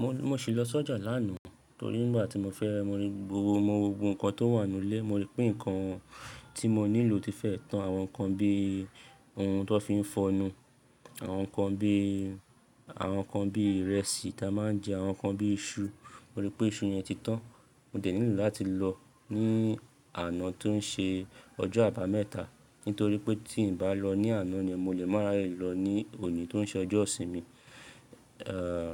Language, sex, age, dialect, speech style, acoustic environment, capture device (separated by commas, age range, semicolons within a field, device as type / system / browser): Yoruba, male, 25-34, Nigeria - Lagos Yoruba, happy; excited; relaxed, people talking; nature, mobile / Linux / Chrome